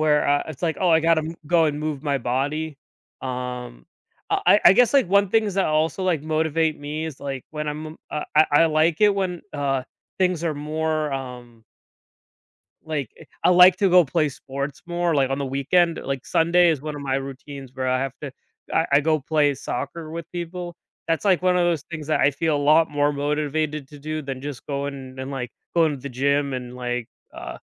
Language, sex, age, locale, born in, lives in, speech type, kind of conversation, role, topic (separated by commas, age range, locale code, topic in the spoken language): English, male, 25-29, en-US, United States, United States, conversation, advice, user, How can I find energy to exercise after a long, tiring workday?